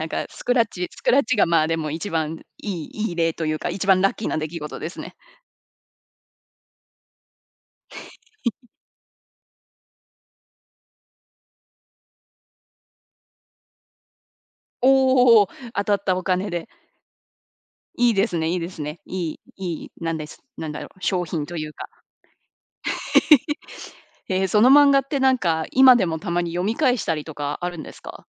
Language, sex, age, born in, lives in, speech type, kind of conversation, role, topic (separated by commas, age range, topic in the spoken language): Japanese, female, 30-34, Japan, United States, conversation, podcast, host, どんな漫画や本にハマっていましたか？